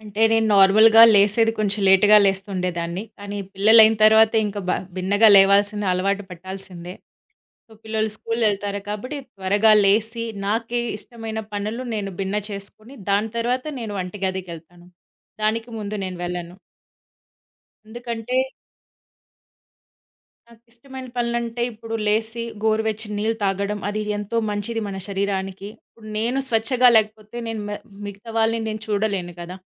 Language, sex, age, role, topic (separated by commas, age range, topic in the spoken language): Telugu, female, 30-34, guest, మీ ఇంట్లో మీ ఉదయం సాధారణంగా ఎలా మొదలవుతుంది?